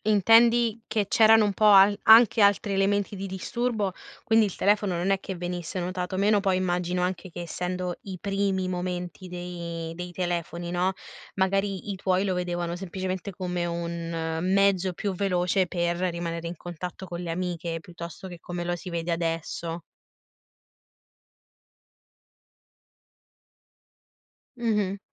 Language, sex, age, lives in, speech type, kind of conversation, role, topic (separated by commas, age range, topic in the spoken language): Italian, female, 25-29, Italy, conversation, podcast, host, Che ruolo dovrebbe avere il telefono durante i pasti in famiglia?